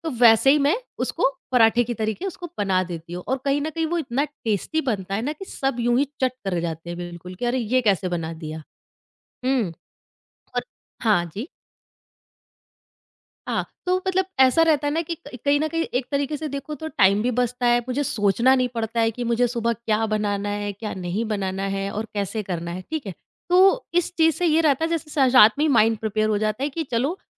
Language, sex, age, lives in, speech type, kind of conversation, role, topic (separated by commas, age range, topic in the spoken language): Hindi, female, 30-34, India, conversation, podcast, guest, Ghar ke bache hue khaane ko tum comfort meal mein kaise badal dete ho?